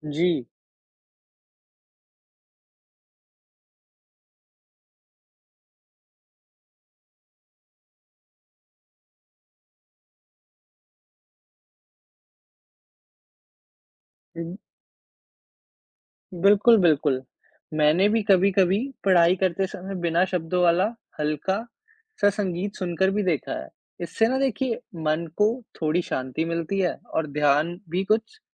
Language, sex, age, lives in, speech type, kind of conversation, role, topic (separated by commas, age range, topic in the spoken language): Hindi, male, 20-24, India, read, expert-assistant, asker, संगीत सुनने से मन पर क्या प्रभाव पड़ता है?